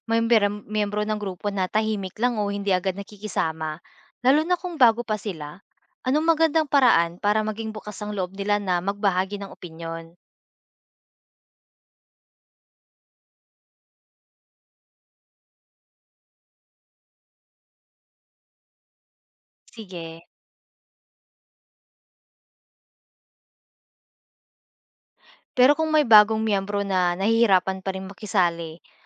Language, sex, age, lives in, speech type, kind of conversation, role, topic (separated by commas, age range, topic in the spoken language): Filipino, female, 25-29, Philippines, read, expert-assistant, asker, Paano mapapabuti ng isang barangay ang koordinasyon at pagresponde sa biglaang pagbabago ng lagay ng panahon, lalo na kung limitado ang mga tauhan at gamit?